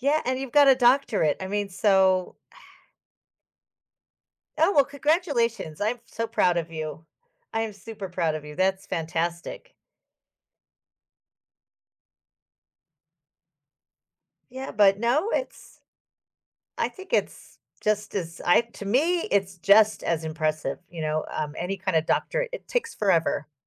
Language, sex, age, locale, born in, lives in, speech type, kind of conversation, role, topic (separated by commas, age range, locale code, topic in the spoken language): English, female, 55-59, en-US, United States, United States, conversation, podcast, host, How have your childhood dreams influenced who you are today?